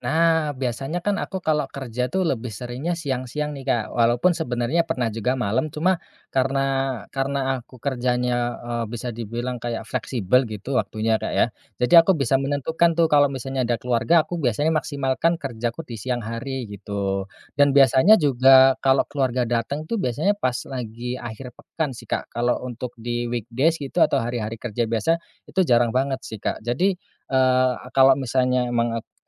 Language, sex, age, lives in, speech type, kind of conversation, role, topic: Indonesian, male, 30-34, Indonesia, conversation, podcast, guest, Gimana kamu atur ruang kerja kalau rumah sempit?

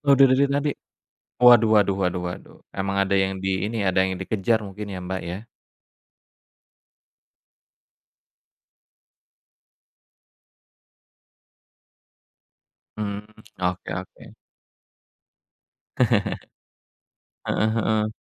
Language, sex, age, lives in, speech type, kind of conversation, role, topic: Indonesian, male, 35-39, Indonesia, conversation, unstructured, none, Apa yang kamu pelajari dari pengalaman paling sulit dalam hidup?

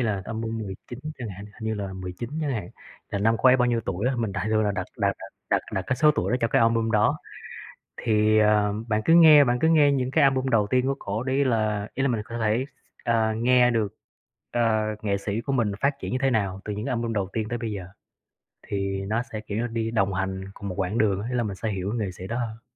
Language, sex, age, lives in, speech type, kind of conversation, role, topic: Vietnamese, male, 30-34, Vietnam, conversation, podcast, guest, Nghệ sĩ nào có ảnh hưởng lớn nhất đến bạn?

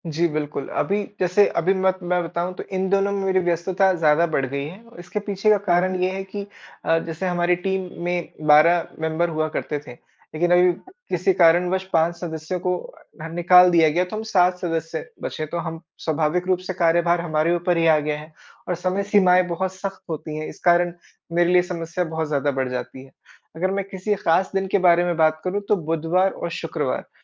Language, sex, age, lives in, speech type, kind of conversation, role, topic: Hindi, male, 25-29, India, conversation, advice, user, मैं समय का बेहतर प्रबंधन कैसे करूँ और अपने कामों की सीमाएँ कैसे तय करूँ?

